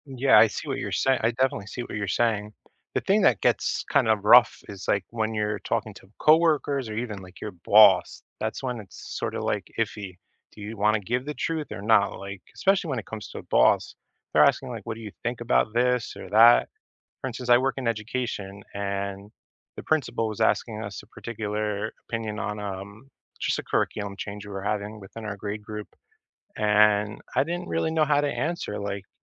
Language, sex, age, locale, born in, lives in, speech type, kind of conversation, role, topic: English, male, 35-39, en-US, United States, United States, conversation, unstructured, none, What small, everyday gestures help you build trust and closeness with the people you care about?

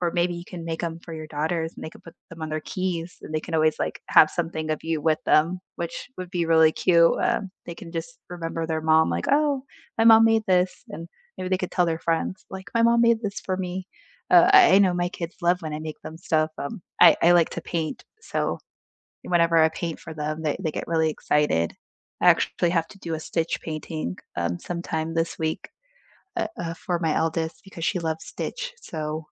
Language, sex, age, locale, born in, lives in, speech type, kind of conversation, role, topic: English, female, 30-34, en-US, United States, United States, conversation, unstructured, none, What routine helps you unwind after a long day?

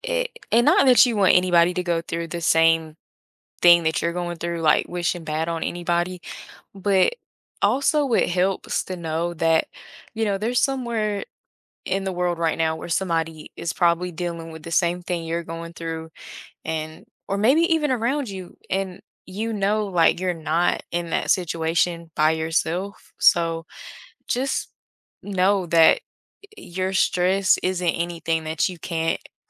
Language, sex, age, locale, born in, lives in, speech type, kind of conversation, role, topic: English, female, 20-24, en-US, United States, United States, conversation, podcast, guest, What are some practical habits that can help reduce everyday stress?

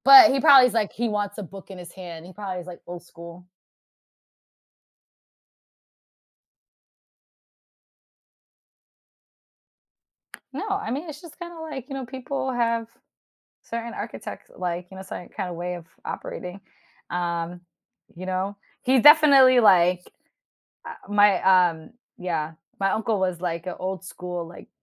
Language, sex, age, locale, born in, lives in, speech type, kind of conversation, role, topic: English, female, 30-34, en-US, United States, United States, conversation, podcast, guest, How have unexpected experiences while traveling changed your perspective?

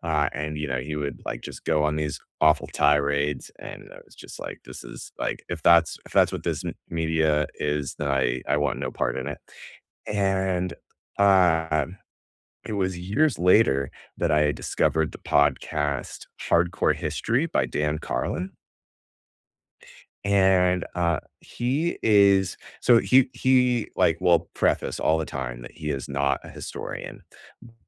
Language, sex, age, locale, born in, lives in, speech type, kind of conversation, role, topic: English, male, 35-39, en-US, United States, United States, conversation, unstructured, none, What show, book, or podcast are you most excited to recommend right now, and why does it resonate with you?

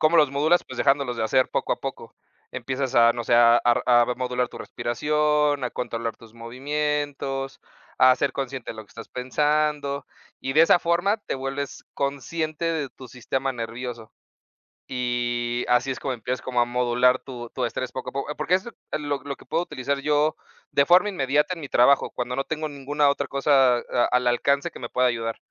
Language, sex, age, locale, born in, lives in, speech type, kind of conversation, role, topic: Spanish, male, 30-34, es-MX, Mexico, Mexico, conversation, unstructured, none, ¿Cómo manejas el estrés en tu vida diaria?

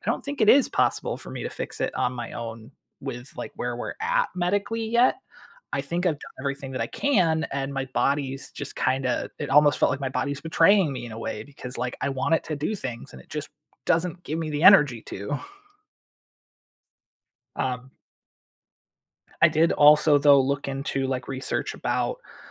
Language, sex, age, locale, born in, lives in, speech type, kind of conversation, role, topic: English, male, 35-39, en-US, United States, United States, conversation, unstructured, none, How do the paths you plan compare to the ones you find along the way?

